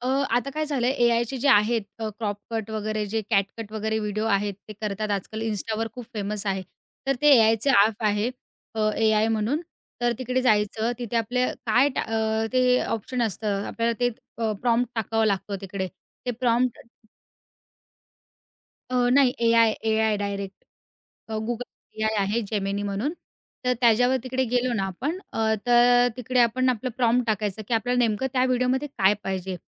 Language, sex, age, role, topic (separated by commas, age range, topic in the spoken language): Marathi, female, 20-24, guest, नवीन छंदाची सुरुवात कशी करावी?